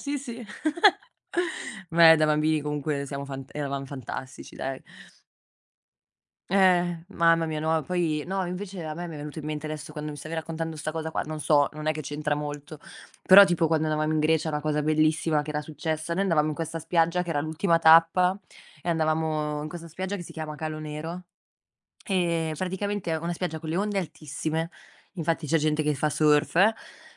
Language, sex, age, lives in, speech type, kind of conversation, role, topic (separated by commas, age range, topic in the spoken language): Italian, female, 25-29, Italy, conversation, unstructured, none, Qual è il luogo che ti ricorda i momenti più felici?